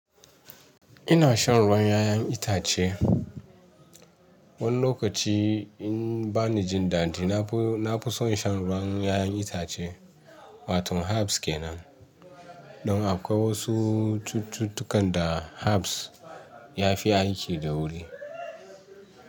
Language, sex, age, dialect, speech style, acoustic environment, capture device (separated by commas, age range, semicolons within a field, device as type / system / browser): Hausa, male, 35-44, Nigeria - Ibadan, relaxed, nature; people talking, mobile / Linux / Chrome